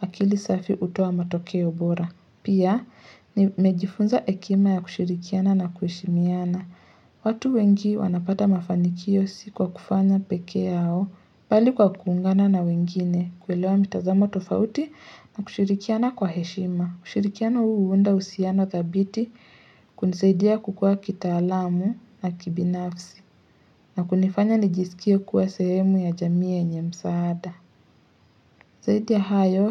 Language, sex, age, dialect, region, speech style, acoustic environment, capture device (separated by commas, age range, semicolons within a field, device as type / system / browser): Swahili, male, 25-34, Kenya - Nairobi Sheng-influenced, Kenya, relaxed, nature, mobile / Linux / Chrome